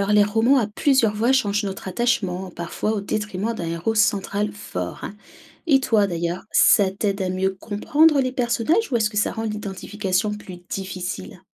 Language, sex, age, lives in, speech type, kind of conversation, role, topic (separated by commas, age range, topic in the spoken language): French, female, 30-34, France, read, expert-assistant, expert, En quoi les personnages des romans récents ressemblent-ils ou diffèrent-ils de ceux qu'on trouvait il y a vingt ans ?